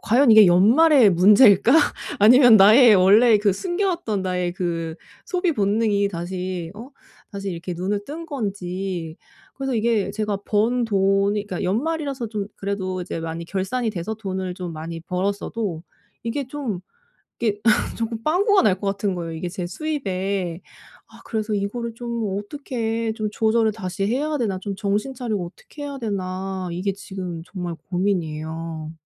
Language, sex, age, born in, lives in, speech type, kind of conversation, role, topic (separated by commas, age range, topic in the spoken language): Korean, female, 35-39, South Korea, Germany, conversation, advice, user, 지출이 갑자기 늘었을 때 예산을 어떻게 조정해야 할까요?